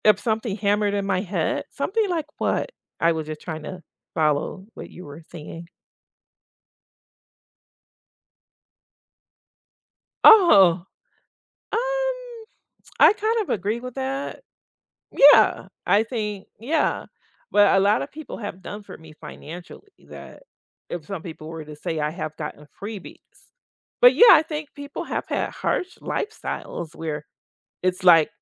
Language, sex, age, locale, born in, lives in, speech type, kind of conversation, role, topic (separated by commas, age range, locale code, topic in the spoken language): English, female, 55-59, en-US, United States, United States, conversation, unstructured, none, Have you ever felt happier after resolving a conflict?